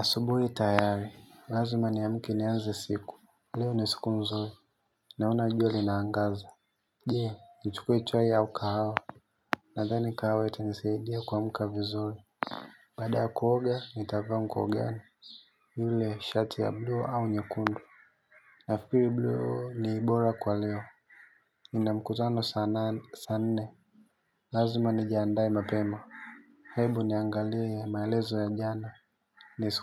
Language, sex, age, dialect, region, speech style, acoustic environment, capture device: Swahili, male, 18-24, Tanzania - Dar es Salaam, Tanzania, happy; relaxed; focused, silence, mobile / Linux / Chrome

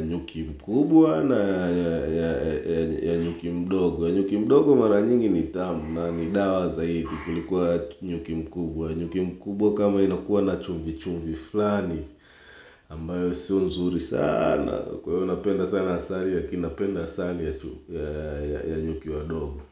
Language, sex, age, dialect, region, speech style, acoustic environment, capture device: Swahili, male, 35-44, Tanzania - Dar es Salaam, Tanzania, focused, silence, mobile / Linux / Chrome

